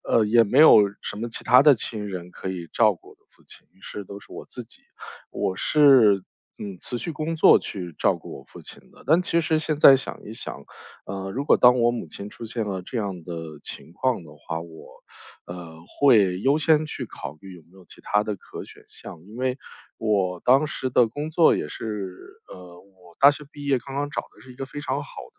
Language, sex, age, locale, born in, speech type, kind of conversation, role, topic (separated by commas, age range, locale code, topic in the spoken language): Chinese, male, 35-39, zh-CN, China, conversation, podcast, guest, 当父母需要你照顾时，你会如何做出选择？